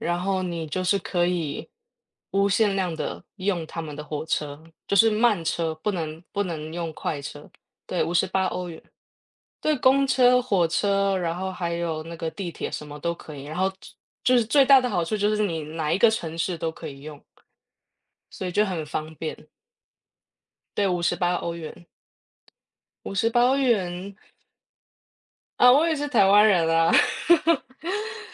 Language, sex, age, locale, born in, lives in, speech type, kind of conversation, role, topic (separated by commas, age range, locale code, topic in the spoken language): Chinese, female, 35-39, zh-TW, Taiwan, Germany, conversation, unstructured, none, 你的梦想旅行目的地是哪里？为什么想去那里？